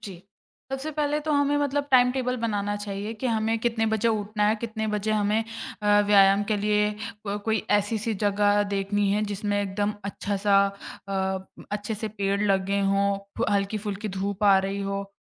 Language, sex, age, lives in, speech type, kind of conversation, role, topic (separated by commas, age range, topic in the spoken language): Hindi, female, 20-24, India, conversation, podcast, guest, साँस की तकनीकें आपकी मदद कैसे करती हैं?